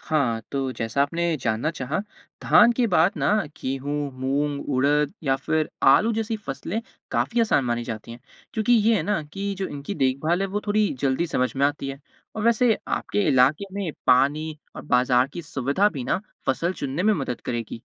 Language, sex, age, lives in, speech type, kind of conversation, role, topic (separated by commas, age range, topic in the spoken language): Hindi, male, 20-24, India, read, expert-assistant, expert, क्या फसल चक्र और जैविक खेती से खेत की उर्वरता और आमदनी बढ़ सकती है?